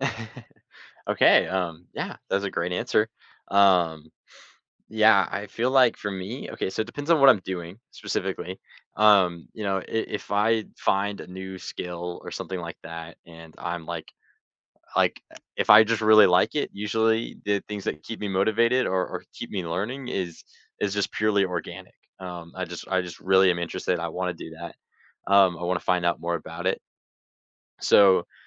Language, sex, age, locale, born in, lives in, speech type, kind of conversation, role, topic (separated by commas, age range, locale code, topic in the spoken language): English, male, 18-19, en-US, United States, United States, conversation, unstructured, none, How do you stay motivated when learning something new?